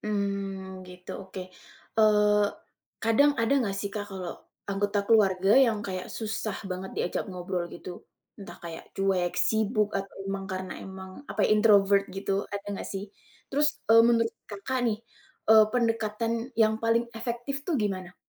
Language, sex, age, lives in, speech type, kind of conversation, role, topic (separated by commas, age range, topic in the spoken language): Indonesian, female, 20-24, Indonesia, conversation, podcast, host, Bagaimana cara menjaga komunikasi yang baik antaranggota keluarga?